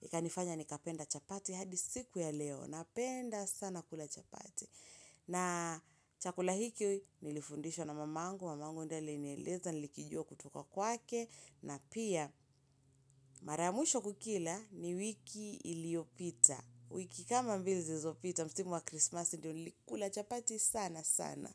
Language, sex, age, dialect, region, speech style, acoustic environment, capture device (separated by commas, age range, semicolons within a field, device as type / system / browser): Swahili, female, 25-34, Kenya - Mombasa, Kenya, relaxed, silence, mobile / Linux / Chrome